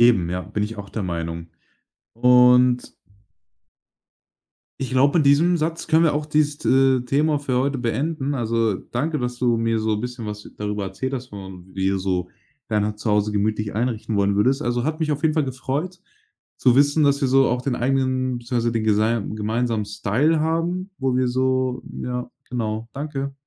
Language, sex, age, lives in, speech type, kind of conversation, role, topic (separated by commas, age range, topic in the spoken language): German, male, 18-19, Germany, conversation, podcast, host, Wie machst du dein Zuhause gemütlich?